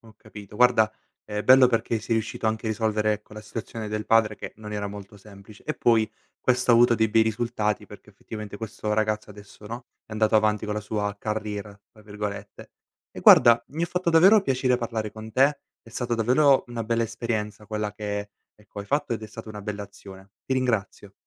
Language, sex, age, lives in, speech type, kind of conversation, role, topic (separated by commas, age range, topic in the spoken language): Italian, male, 18-19, Italy, conversation, podcast, host, Raccontami di una volta in cui hai aiutato qualcuno e questa esperienza ti ha cambiato?